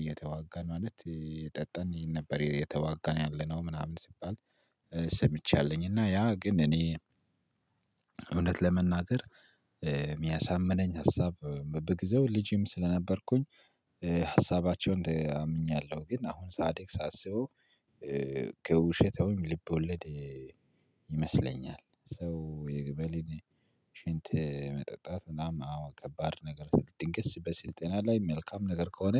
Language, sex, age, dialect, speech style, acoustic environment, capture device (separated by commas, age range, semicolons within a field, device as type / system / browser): Amharic, male, 25-34, Ethiopia - Addis Ababa, excited, nature, mobile / Linux / Chrome